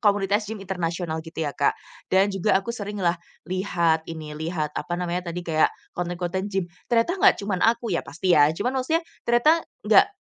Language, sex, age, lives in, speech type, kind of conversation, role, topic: Indonesian, female, 25-29, Indonesia, conversation, podcast, guest, Hobi apa yang selalu bikin kamu semangat, dan kenapa?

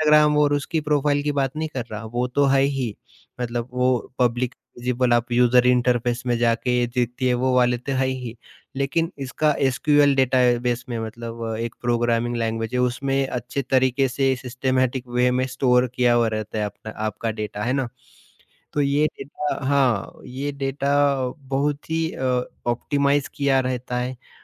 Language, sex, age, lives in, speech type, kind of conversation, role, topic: Hindi, male, 30-34, India, conversation, podcast, guest, Kya algorithms ne hamari manoranjan ki pasand ko seemit kar diya hai?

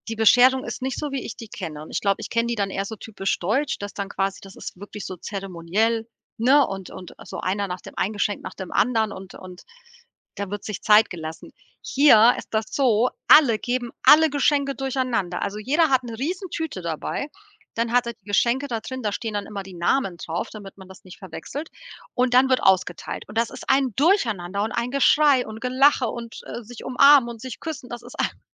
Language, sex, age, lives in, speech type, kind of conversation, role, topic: German, female, 40-44, Portugal, conversation, advice, user, Wie kann ich eine Einladung oder Bitte höflich ablehnen?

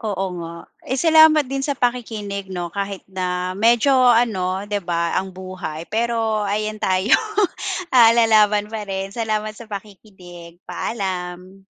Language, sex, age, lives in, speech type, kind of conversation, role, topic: Filipino, female, 40-44, Philippines, conversation, podcast, guest, Paano nagbago ang relasyon ninyo nang magkaroon kayo ng anak?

